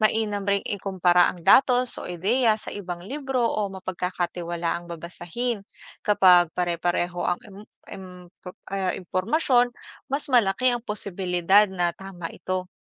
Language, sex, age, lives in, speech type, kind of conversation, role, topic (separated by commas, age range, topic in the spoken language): Filipino, female, 25-29, Philippines, read, expert-assistant, expert, Paano nakakatulong ang pagbabasa ng libro sa pagpapalawak ng kaalaman?